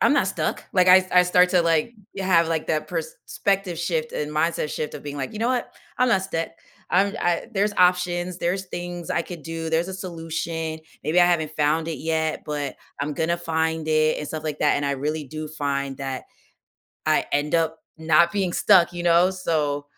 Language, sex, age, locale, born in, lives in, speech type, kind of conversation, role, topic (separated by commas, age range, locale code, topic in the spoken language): English, female, 30-34, en-US, United States, United States, conversation, podcast, host, What helps you move forward during challenging times?